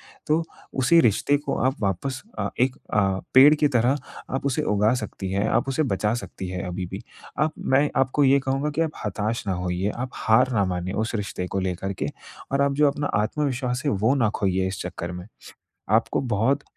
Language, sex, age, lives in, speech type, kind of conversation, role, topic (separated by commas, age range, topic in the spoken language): Hindi, male, 20-24, India, conversation, advice, advisor, मैं कैसे किसी शर्मनाक पल के बाद आगे बढ़ूँ?